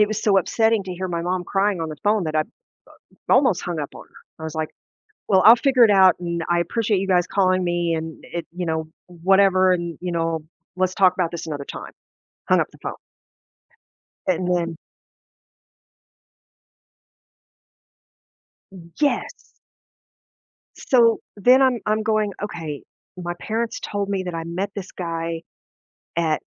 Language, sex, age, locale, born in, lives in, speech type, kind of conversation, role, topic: English, female, 50-54, en-US, United States, United States, conversation, advice, user, How can I cope with missing someone who died suddenly?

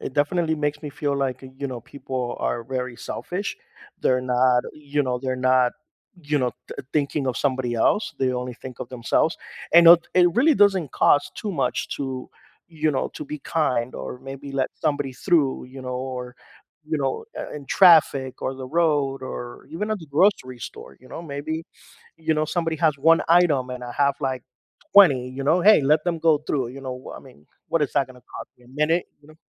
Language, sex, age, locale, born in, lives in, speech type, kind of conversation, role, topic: English, male, 45-49, en-US, United States, United States, conversation, podcast, guest, How can we work together to address the most pressing issues facing our world today?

